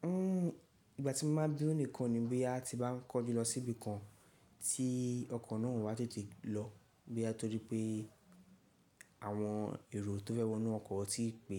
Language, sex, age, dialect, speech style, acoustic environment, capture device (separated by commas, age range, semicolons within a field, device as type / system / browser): Yoruba, male, 18-24, Nigeria - Lagos Yoruba, relaxed, people talking; nature; silence, mobile / Linux / Chrome